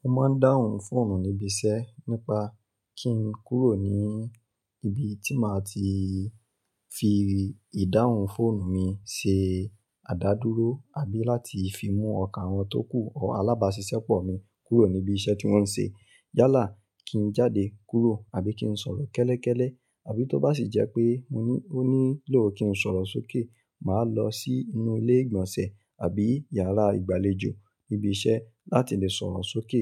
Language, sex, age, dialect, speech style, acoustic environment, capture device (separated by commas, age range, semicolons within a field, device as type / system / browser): Yoruba, male, 25-34, Nigeria - Ibadan, happy, nature, mobile / Linux / Chrome